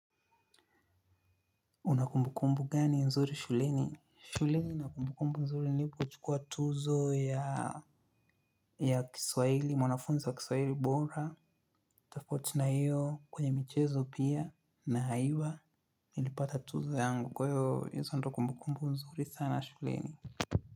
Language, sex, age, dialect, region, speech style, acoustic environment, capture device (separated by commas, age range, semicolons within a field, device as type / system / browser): Swahili, male, 25-34, Kenya - Nairobi Sheng-influenced, Kenya, focused; happy, silence; appliances, mobile / Linux / Chrome